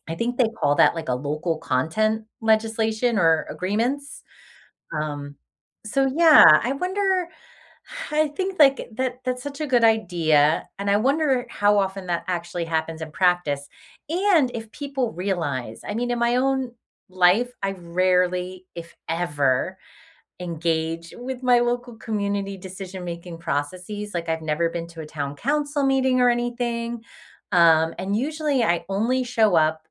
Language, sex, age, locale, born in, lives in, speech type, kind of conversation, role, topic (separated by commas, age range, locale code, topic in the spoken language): English, female, 45-49, en-US, United States, United States, conversation, unstructured, none, Do you think tourism helps or harms local communities?